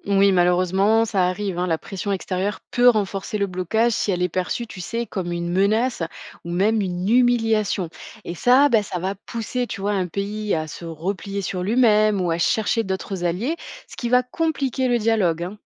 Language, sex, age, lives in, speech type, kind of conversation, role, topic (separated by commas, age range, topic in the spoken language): French, female, 25-29, France, read, expert-assistant, expert, Quand deux pays ne s’entendent pas, vaut-il mieux parler directement ou passer par une organisation internationale ?